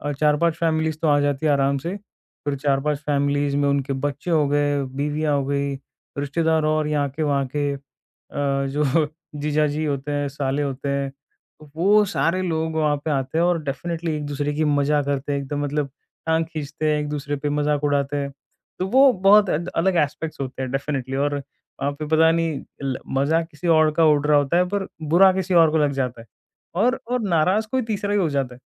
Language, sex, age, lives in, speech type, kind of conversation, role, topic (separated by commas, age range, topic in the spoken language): Hindi, male, 20-24, India, conversation, podcast, guest, Kya cheez tumhe sabse zyada aaraam deti hai?